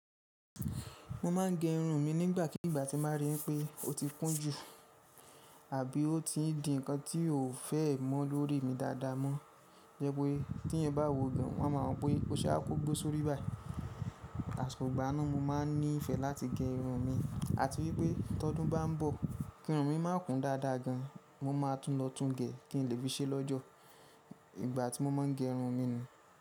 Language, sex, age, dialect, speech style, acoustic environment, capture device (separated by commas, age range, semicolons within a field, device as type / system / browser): Yoruba, male, 25-34, Nigeria - Ibadan, happy; focused, silence; nature, mobile / Linux / Chrome